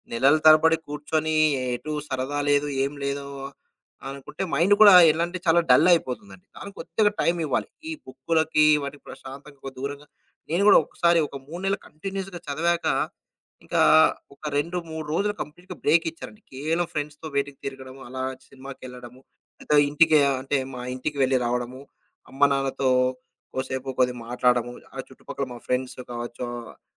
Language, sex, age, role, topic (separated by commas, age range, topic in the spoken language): Telugu, male, 35-39, guest, మీ పనిని చిన్న భాగాలుగా విభజించుకుని చేయడం మీకు బాగా పనిచేస్తుందా?